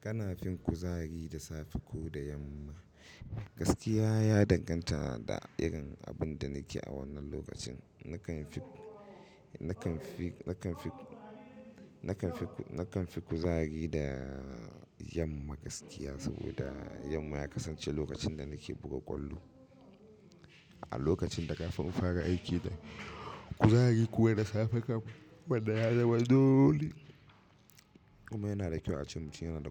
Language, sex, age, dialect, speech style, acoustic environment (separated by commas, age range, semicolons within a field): Hausa, male, 25-34, Nigeria - Katsina, happy, nature